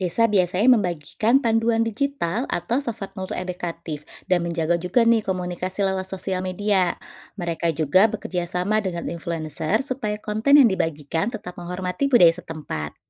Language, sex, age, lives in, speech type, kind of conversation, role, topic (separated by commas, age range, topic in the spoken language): Indonesian, female, 35-39, Indonesia, read, expert-assistant, expert, Bagaimana cara menemukan informasi wisata yang benar-benar menggambarkan suasana asli sebuah tempat, bukan hanya versi brosur atau iklan?